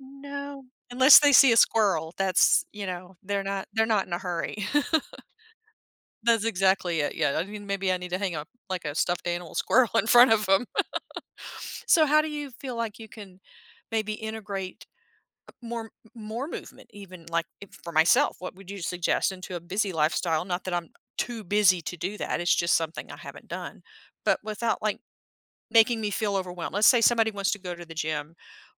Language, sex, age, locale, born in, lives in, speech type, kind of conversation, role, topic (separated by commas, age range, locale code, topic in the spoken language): English, female, 50-54, en-US, United States, United States, conversation, podcast, host, In what ways can regular physical activity improve our overall well-being?